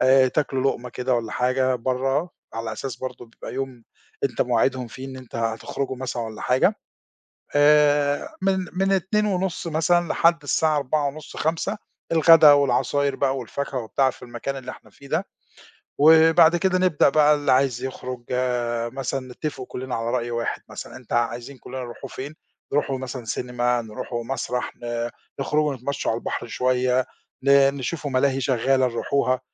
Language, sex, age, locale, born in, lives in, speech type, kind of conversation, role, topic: Arabic, male, 50-54, ar-EG, Egypt, Portugal, conversation, podcast, guest, إزاي بيبقى يومك المثالي المليان بهواياتك؟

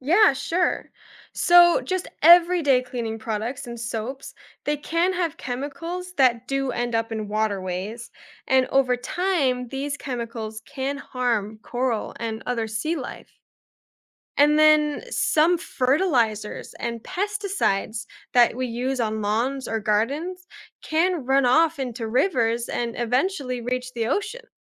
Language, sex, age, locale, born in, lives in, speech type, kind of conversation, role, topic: English, female, 20-24, en-US, United States, United States, read, expert-assistant, expert, What causes coral reefs to disappear?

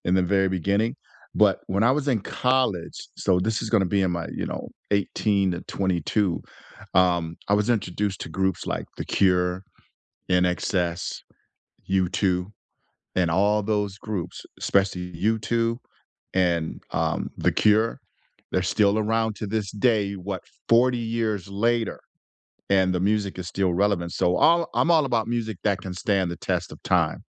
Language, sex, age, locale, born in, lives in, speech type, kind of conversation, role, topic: English, male, 60-64, en-US, United States, United States, conversation, unstructured, none, What music have you been listening to lately to set your mood?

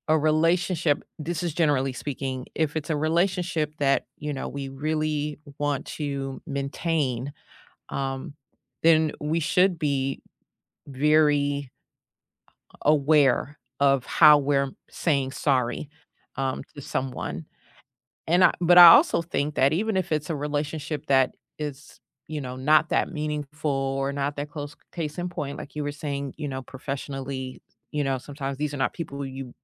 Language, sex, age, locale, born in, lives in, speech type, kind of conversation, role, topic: English, female, 50-54, en-US, United States, United States, conversation, unstructured, none, What is the best way to apologize when you are wrong?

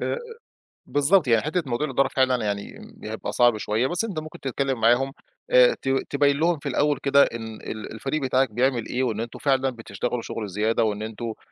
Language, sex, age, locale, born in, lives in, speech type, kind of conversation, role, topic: Arabic, male, 35-39, ar-EG, Egypt, Egypt, conversation, advice, advisor, إزاي الدخل بيطلع وينزل ده مأثر على ثقتك بنفسك كقائد؟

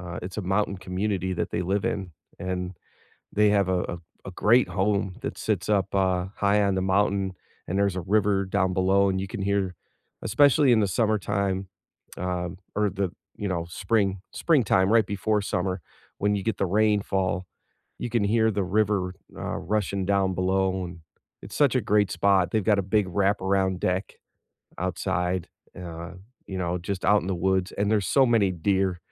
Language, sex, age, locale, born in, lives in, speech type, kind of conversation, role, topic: English, male, 50-54, en-US, United States, United States, conversation, unstructured, none, Which do you prefer for a nearby quick escape: the beach or the mountains?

